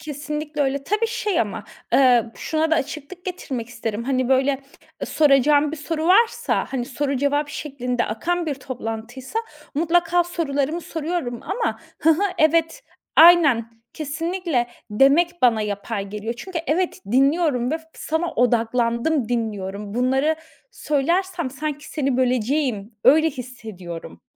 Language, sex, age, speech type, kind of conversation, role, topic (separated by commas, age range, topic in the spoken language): Turkish, female, 30-34, conversation, podcast, guest, Birini gerçekten dinlediğini nasıl gösterirsin?